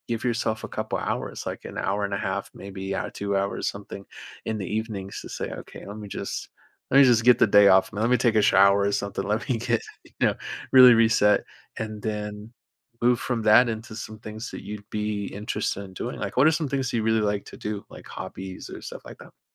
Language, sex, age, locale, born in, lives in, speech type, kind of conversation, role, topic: English, male, 20-24, en-US, United States, United States, conversation, advice, advisor, How can I manage overwhelming daily responsibilities without burning out?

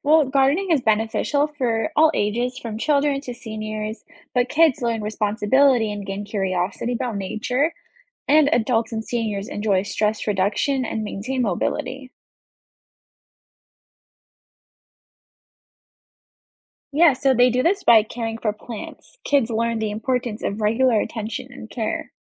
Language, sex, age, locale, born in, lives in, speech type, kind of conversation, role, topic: English, female, 20-24, en-US, United States, United States, read, expert-assistant, expert, How can gardening enhance mental wellness?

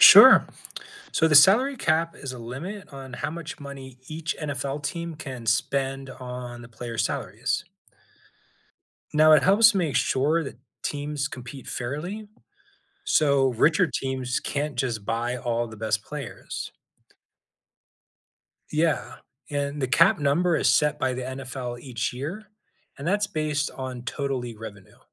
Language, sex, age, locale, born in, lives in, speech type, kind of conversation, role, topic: English, male, 40-44, en-US, United States, United States, read, expert-assistant, expert, Explain how the salary cap works in the NFL.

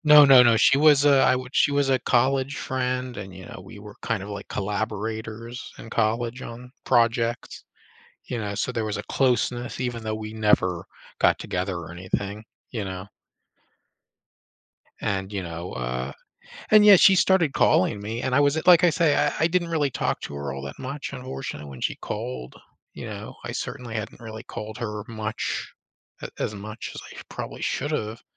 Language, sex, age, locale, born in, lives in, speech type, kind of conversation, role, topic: English, male, 35-39, en-US, United States, United States, conversation, advice, user, How do I cope with sudden loss?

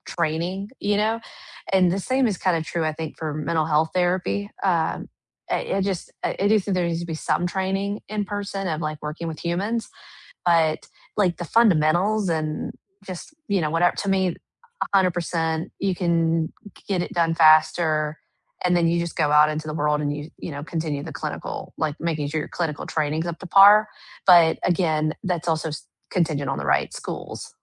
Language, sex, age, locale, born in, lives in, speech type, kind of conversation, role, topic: English, female, 35-39, en-US, United States, United States, conversation, unstructured, none, What online courses would you recommend, and what sets them apart?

